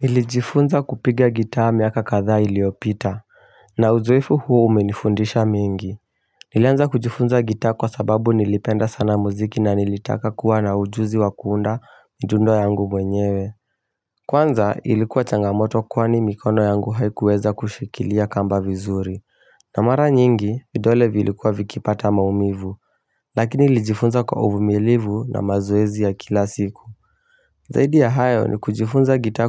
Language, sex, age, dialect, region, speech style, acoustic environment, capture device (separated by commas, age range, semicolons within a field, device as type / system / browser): Swahili, male, 18-24, Kenya - Mombasa, Kenya, focused; relaxed, silence; traffic, mobile / Linux / Chrome